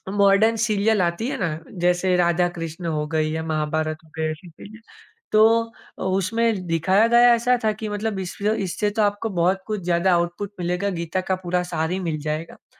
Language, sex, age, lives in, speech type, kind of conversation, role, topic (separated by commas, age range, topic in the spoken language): Hindi, male, 25-29, India, conversation, podcast, guest, Digital detox aapne kabhi kiya hai, aur aapka experience kaisa raha?